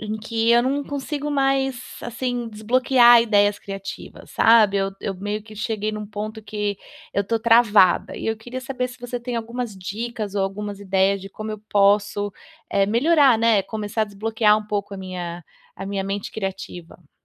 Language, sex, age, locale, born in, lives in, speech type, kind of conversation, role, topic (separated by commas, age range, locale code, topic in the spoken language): Portuguese, female, 35-39, pt-BR, Brazil, United States, conversation, advice, user, Como posso usar limitações para desbloquear ideias criativas